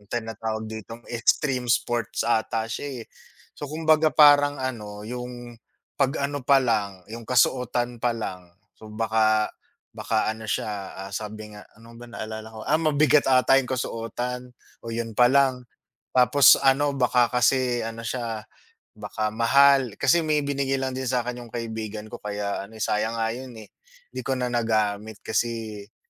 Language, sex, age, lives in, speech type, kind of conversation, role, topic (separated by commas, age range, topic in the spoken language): Filipino, male, 35-39, Philippines, conversation, unstructured, none, Anong libangan ang gusto mong subukan pero hindi mo pa nasusubukan?